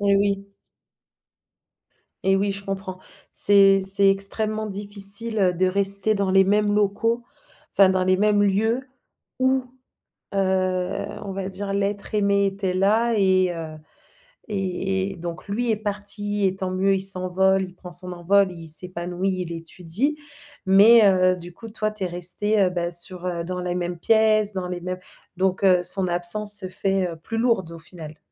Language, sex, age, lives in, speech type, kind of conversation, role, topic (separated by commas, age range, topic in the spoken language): French, female, 30-34, France, conversation, advice, advisor, Comment gérer le sentiment d’inutilité lorsque vos enfants quittent la maison ?